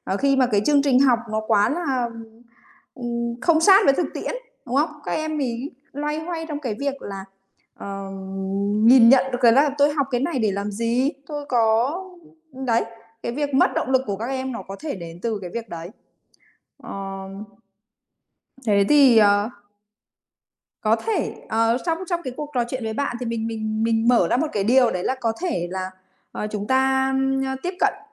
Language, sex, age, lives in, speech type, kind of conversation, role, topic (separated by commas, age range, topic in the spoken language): Vietnamese, female, 30-34, Vietnam, conversation, podcast, host, Bạn có bí quyết nào để duy trì động lực học tập lâu dài không?